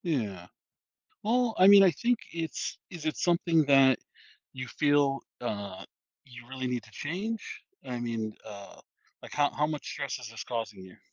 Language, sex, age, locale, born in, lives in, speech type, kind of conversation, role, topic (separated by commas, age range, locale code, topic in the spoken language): English, male, 40-44, en-US, United States, United States, conversation, advice, advisor, How can I balance work and personal life?